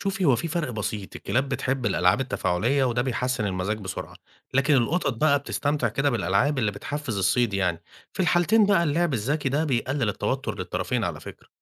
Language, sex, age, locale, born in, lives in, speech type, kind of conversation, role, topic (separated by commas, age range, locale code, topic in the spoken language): Arabic, male, 35-39, ar-EG, Egypt, Egypt, read, expert-assistant, expert, هل في سلالات كلاب أو قطط بتساعد في تحسين الصحة النفسية أو بتدعم أسلوب الحياة الصحي؟